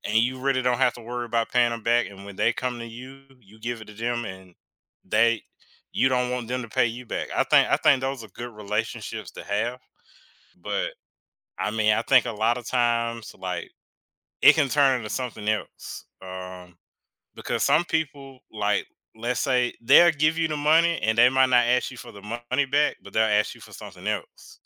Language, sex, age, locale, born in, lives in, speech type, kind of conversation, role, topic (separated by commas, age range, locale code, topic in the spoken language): English, male, 25-29, en-US, United States, United States, conversation, unstructured, none, How does borrowing and sharing help build trust and connection in a community?